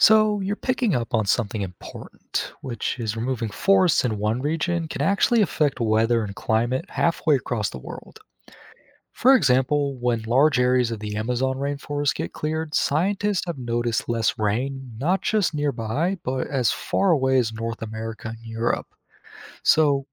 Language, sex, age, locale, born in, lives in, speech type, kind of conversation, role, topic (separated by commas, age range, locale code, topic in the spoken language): English, male, 20-24, en-US, United States, United States, read, expert-assistant, expert, How does deforestation impact global climate patterns?